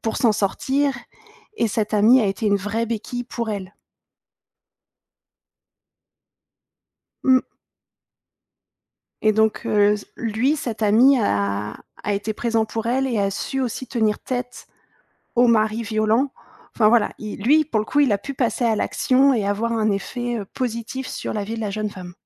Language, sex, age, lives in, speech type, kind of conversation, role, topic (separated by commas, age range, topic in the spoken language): French, female, 30-34, France, conversation, podcast, guest, Quel livre ou quel film t’a bouleversé, et pourquoi ?